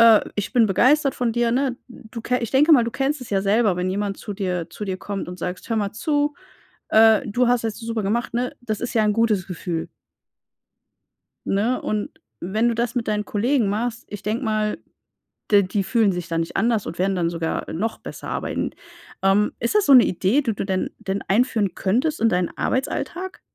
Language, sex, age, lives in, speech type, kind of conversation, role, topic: German, female, 35-39, Germany, conversation, advice, advisor, Wie baue ich ein gutes Team auf, führe es erfolgreich und skaliere es?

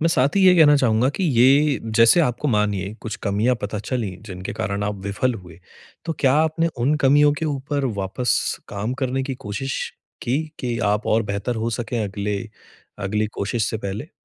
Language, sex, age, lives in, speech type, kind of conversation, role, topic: Hindi, male, 30-34, India, conversation, advice, advisor, असफलता से हतोत्साहित होने पर क्या करूँ?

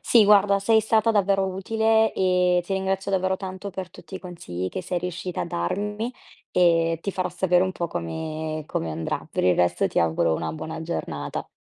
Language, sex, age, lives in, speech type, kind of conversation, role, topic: Italian, female, 20-24, Italy, conversation, advice, user, Come posso pianificare meglio per affrontare imprevisti e ostacoli?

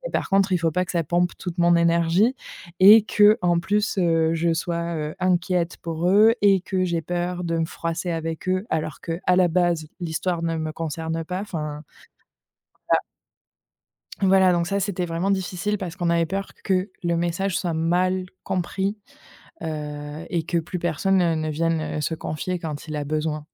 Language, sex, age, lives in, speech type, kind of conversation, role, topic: French, female, 25-29, France, conversation, podcast, guest, Comment poses-tu des limites avec tes proches ?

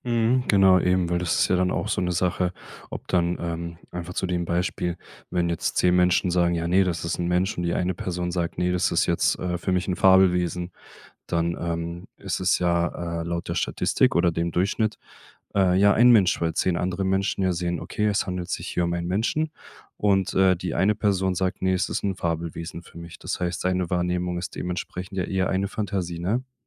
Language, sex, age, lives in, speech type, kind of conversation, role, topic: German, male, 25-29, Germany, conversation, podcast, host, Wie verbindest du persönliche Erfahrungen mit Fantasie?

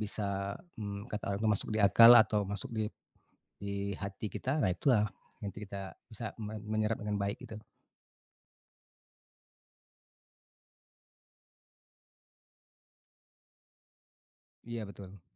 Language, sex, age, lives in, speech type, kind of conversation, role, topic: Indonesian, male, 40-44, Indonesia, conversation, podcast, guest, Siapa guru yang paling berkesan bagi Anda, dan mengapa beliau begitu berpengaruh dalam hidup Anda?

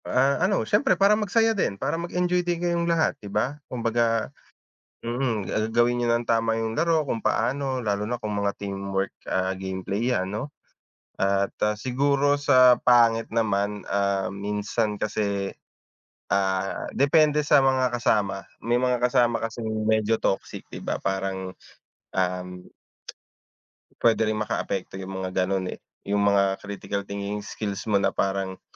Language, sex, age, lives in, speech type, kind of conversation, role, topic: Filipino, male, 30-34, Philippines, conversation, unstructured, none, Ano ang papel ng mga larong bidyo sa paghubog ng kakayahang mag-isip nang mapanuri ng mga kabataan?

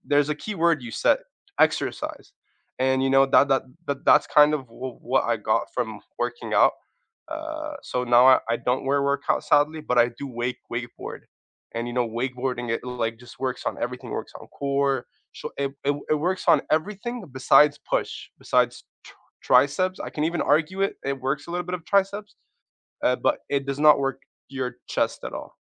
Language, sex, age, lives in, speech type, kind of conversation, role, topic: English, male, 25-29, United States, conversation, unstructured, none, How do you think exercise affects your energy levels?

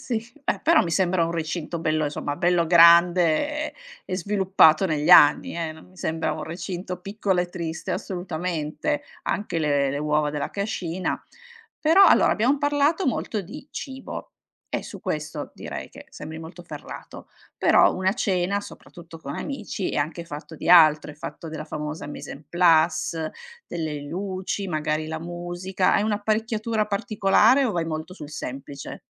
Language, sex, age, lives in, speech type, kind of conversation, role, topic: Italian, female, 45-49, Italy, conversation, podcast, host, Come ti organizzi per preparare una cena accogliente con gli amici?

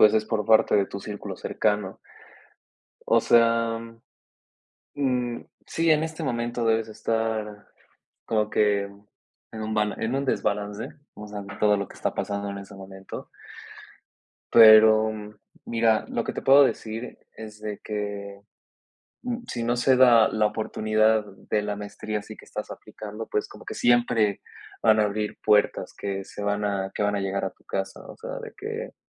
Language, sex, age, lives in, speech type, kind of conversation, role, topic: Spanish, male, 20-24, Mexico, conversation, advice, advisor, ¿Cómo puedo dejar de sentirme abrumado por los plazos y mejorar mi gestión del tiempo?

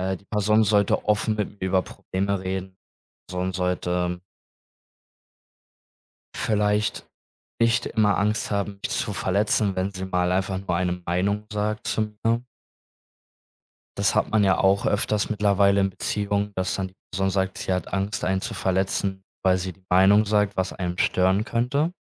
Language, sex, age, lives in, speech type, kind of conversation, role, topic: German, male, 20-24, Germany, conversation, podcast, guest, Was bedeutet Vertrauen in einer Beziehung für dich?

